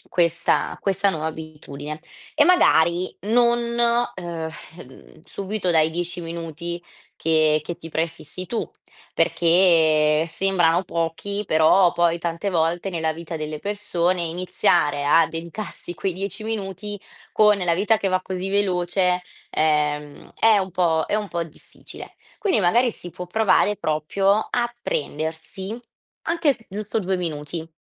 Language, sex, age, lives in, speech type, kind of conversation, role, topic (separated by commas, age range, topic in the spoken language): Italian, female, 20-24, Italy, conversation, advice, advisor, Come posso mantenere costanza nella meditazione ogni giorno?